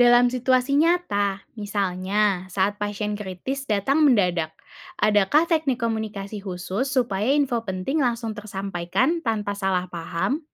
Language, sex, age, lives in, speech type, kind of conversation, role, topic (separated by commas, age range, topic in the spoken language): Indonesian, female, 20-24, Indonesia, read, expert-assistant, asker, Strategi seperti apa yang membantu tim perawat dan dokter bekerja sama demi pemulihan pasien?